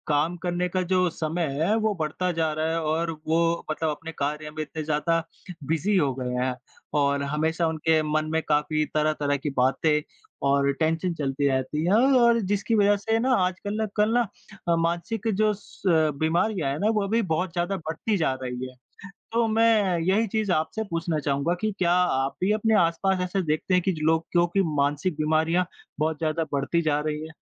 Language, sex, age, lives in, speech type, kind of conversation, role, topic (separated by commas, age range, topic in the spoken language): Hindi, female, 25-29, India, conversation, unstructured, none, क्या हमारे समाज में मानसिक स्वास्थ्य से जुड़ी समस्याओं को लेकर गलतफहमियाँ हैं?